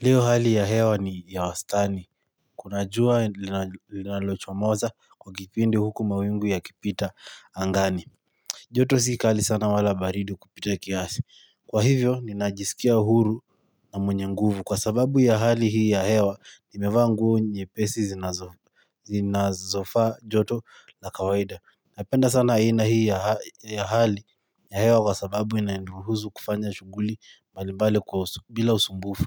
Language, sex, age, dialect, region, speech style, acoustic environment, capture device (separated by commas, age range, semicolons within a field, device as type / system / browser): Swahili, male, 18-24, Kenya - Nairobi Sheng-influenced, Kenya, relaxed; focused, silence, mobile / Linux / Chrome